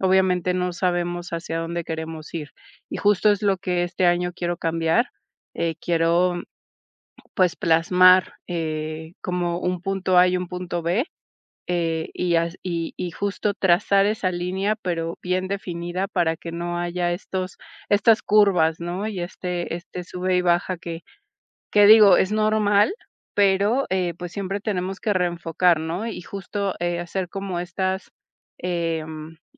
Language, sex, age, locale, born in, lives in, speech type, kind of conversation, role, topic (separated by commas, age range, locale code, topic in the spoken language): Spanish, female, 40-44, es-MX, Mexico, Mexico, conversation, advice, user, ¿Cómo puedo decidir con cuál de mis muchas ideas empezar?